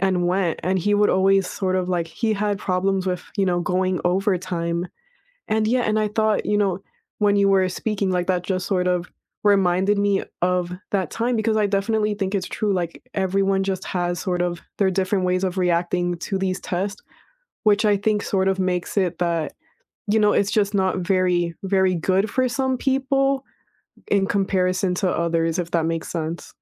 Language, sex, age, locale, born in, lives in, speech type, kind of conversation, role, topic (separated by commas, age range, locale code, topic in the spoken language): English, female, 20-24, en-US, United States, United States, conversation, unstructured, none, Do you think standardized tests are a good way to measure learning?